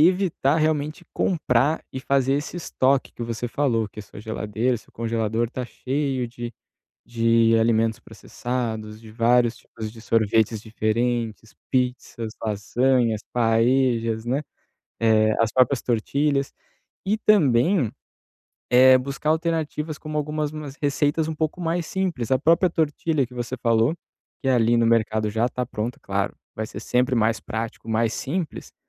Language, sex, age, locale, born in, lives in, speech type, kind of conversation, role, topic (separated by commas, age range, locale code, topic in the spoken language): Portuguese, male, 30-34, pt-BR, Brazil, Italy, conversation, advice, advisor, Como posso controlar a vontade de comer alimentos processados no dia a dia?